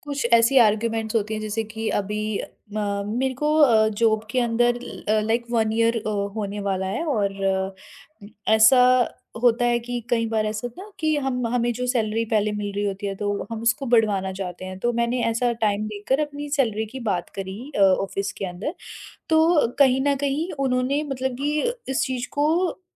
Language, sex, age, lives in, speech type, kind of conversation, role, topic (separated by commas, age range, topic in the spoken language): Hindi, female, 40-44, India, conversation, podcast, guest, Tum jab kisi baat par disagree karte ho, tab respect kaise maintain karte ho?